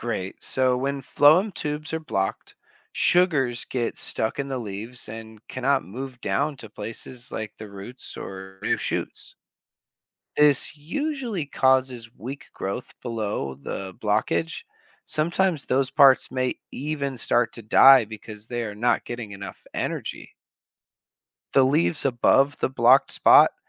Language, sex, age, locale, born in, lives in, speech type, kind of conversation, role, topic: English, male, 30-34, en-US, United States, United States, read, expert-assistant, expert, How do plants turn sunlight into energy?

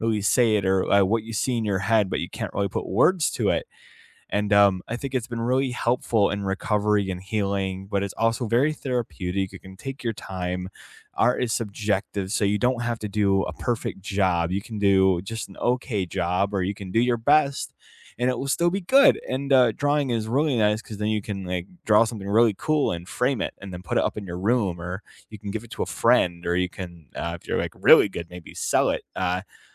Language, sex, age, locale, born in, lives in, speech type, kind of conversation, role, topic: English, male, 25-29, en-US, United States, United States, conversation, unstructured, none, What hobby have you rediscovered recently, and why?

